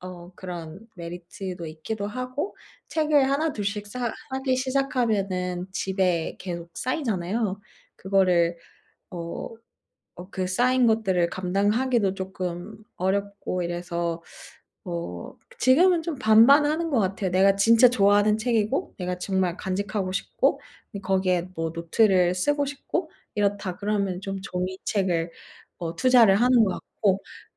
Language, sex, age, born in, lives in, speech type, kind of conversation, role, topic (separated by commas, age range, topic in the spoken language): Korean, female, 30-34, South Korea, Japan, conversation, advice, user, 독서 시간을 꾸준히 정하려고 했는데 자꾸 실패하는 이유가 무엇인가요?